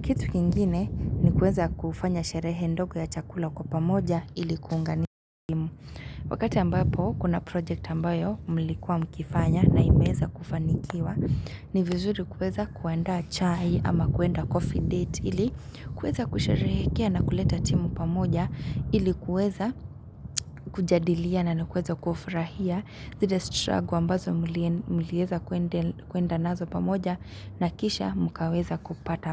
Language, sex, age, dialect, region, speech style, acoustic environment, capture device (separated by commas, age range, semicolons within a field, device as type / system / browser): Swahili, female, 25-34, Kenya - Nairobi Sheng-influenced, Kenya, happy; excited; focused, silence, mobile / Linux / Chrome